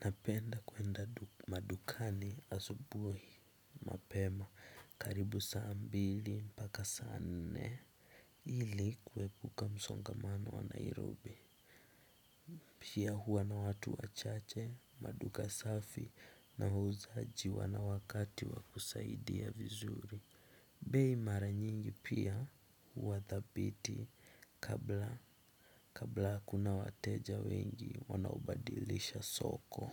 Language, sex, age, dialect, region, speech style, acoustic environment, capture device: Swahili, male, 18-24, Kenya - Mombasa, Kenya, relaxed, silence, desktop / Windows / Chrome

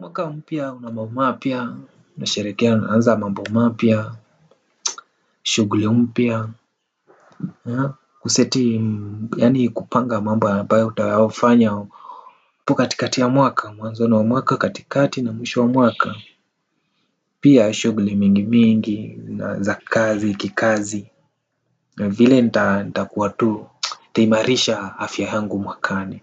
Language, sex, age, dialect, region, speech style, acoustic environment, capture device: Swahili, male, 18-24, Kenya - Nairobi Sheng-influenced, Kenya, relaxed, nature, desktop / Windows / Firefox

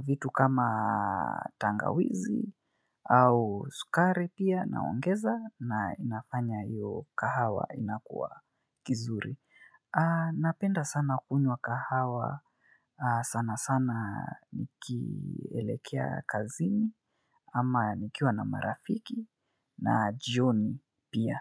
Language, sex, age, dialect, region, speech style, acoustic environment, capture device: Swahili, male, 25-34, Kenya - Nairobi Sheng-influenced, Kenya, focused; relaxed; excited, silence, mobile / Linux / Chrome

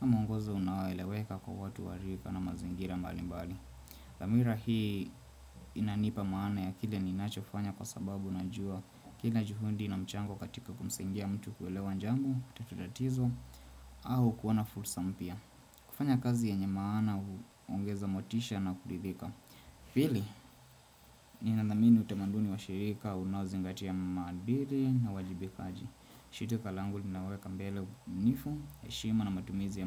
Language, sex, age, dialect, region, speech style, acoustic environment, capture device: Swahili, male, 25-34, Kenya - Nairobi Sheng-influenced, Kenya, relaxed, silence, desktop / Windows / Chrome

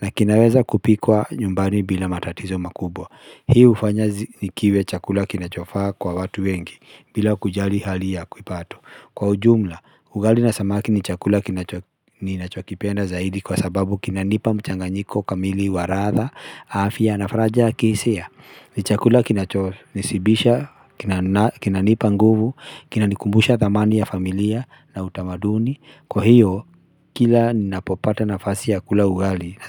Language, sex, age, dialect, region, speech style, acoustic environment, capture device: Swahili, male, 35-44, Kenya - Nairobi Sheng-influenced, Kenya, relaxed, silence, mobile / Linux / Chrome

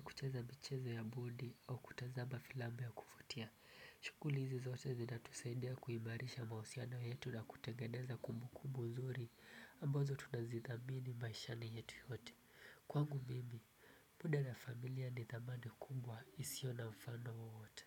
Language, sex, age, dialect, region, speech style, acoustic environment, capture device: Swahili, male, 18-24, Kenya - Mombasa, Kenya, relaxed, silence, desktop / Windows / Chrome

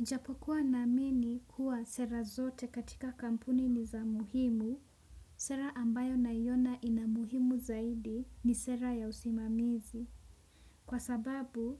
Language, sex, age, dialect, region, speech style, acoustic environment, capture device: Swahili, female, 18-24, Kenya - Nairobi Sheng-influenced, Kenya, relaxed; focused, traffic; nature, desktop / Windows / Chrome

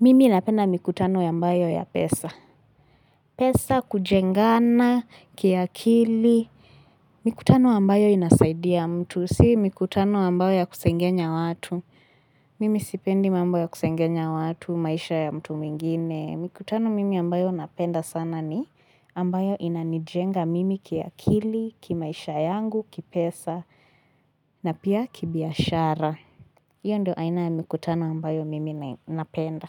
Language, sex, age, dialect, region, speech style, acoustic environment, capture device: Swahili, female, 18-24, Kenya - Mombasa, Kenya, relaxed, silence, desktop / Linux / Chrome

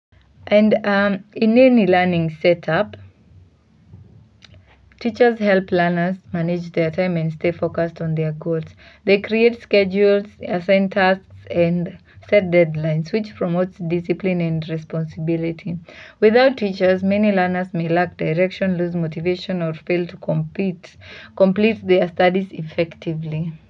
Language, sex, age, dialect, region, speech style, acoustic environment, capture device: Swahili, female, 18-24, Kenya - Mombasa, Kenya, relaxed; focused, silence, mobile / Linux / Chrome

